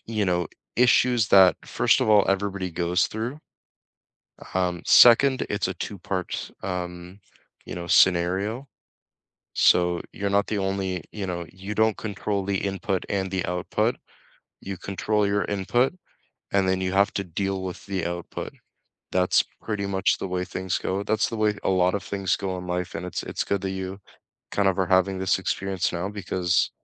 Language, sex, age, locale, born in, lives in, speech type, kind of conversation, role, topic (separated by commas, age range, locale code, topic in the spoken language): English, male, 20-24, en-CA, Canada, Canada, conversation, unstructured, none, What small habits have boosted your mood this month?